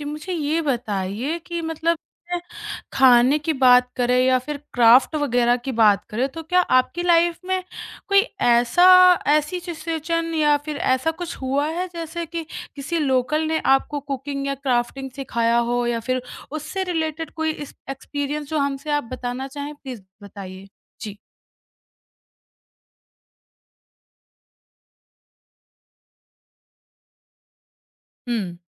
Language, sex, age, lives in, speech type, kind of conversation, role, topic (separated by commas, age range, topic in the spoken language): Hindi, male, 30-34, India, conversation, podcast, host, Kisi local ne tumhe cooking ya craft sikhaya — experience kaisa tha?